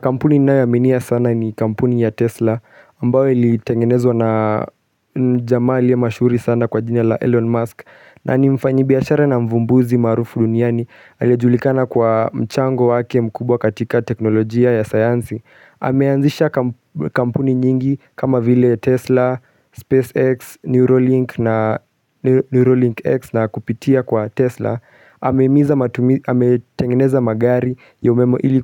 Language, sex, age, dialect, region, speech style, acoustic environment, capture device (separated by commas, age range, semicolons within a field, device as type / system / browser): Swahili, male, 35-44, Kenya - Nairobi Sheng-influenced, Kenya, relaxed, silence, mobile / Linux / Chrome